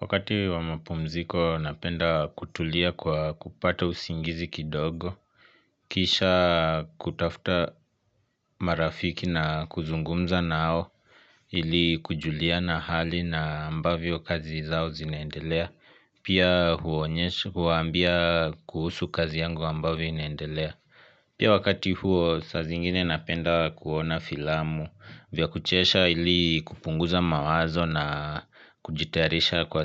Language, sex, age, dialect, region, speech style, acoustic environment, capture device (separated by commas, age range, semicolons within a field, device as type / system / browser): Swahili, male, 25-34, Kenya - Nairobi Sheng-influenced, Kenya, happy, silence, mobile / Linux / Chrome